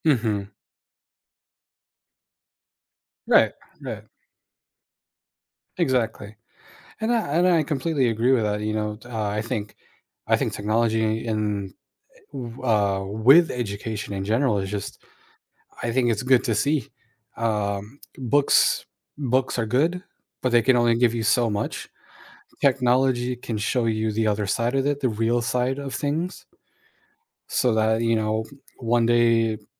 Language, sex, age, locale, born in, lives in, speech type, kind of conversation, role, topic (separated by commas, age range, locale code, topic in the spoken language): English, male, 30-34, en-US, United States, United States, conversation, unstructured, none, What motivates you to keep learning new things throughout your life?